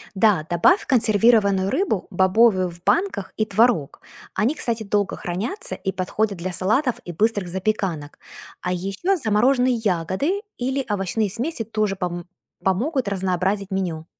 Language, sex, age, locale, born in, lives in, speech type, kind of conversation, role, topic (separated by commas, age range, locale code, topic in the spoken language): Russian, female, 40-44, ru-UA, Ukraine, United States, read, expert-assistant, expert, Как можно сделать ежедневное питание полезнее, не тратя много времени на готовку?